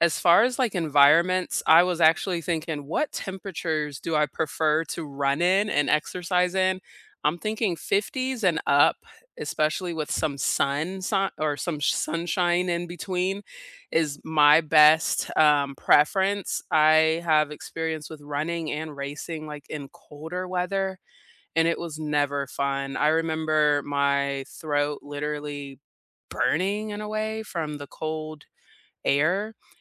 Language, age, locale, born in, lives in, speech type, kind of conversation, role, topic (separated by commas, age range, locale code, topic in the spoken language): English, 30-34, en-US, South Korea, United States, conversation, unstructured, none, What kind of environment makes exercising most enjoyable for you, and how could you share that experience with someone else?